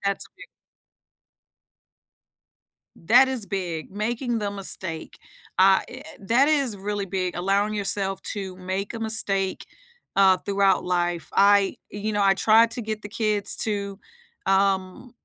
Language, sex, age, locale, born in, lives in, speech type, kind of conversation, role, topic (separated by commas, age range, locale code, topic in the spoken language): English, female, 45-49, en-US, United States, United States, conversation, unstructured, none, What memory still motivates you to take action?